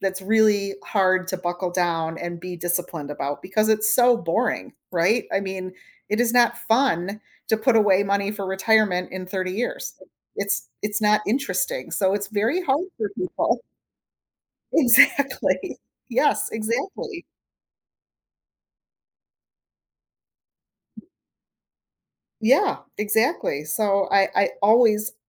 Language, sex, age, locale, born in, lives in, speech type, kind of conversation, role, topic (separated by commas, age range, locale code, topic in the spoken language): English, female, 45-49, en-US, United States, United States, conversation, podcast, host, How can learning from mistakes shape your personal growth?